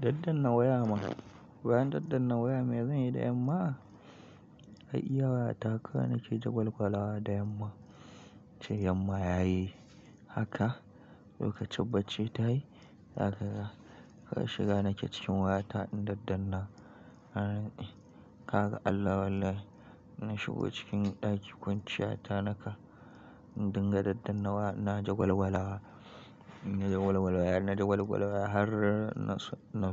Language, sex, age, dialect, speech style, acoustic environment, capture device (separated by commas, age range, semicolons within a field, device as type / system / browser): Hausa, male, 25-34, Nigeria - Kano, happy; nervous; excited; tired, nature; construction; appliances; people talking; traffic, mobile / Linux / Chrome